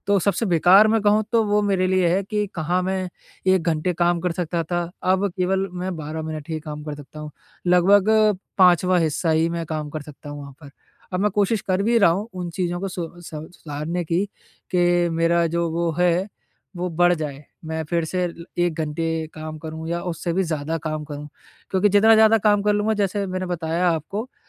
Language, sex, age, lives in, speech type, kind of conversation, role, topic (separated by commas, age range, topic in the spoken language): Hindi, male, 20-24, India, conversation, advice, user, मैं उत्पादकता बनाए रखते हुए प्रतिपुष्टि को कैसे शामिल करूँ?